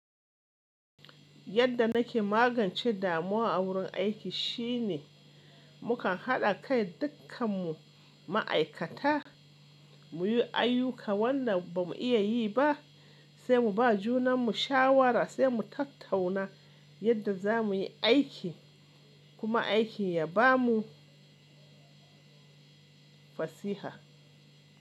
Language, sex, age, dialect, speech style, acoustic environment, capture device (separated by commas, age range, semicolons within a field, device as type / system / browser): Hausa, male, 25-34, Nigeria - Kano, relaxed, appliances, mobile / Linux / Chrome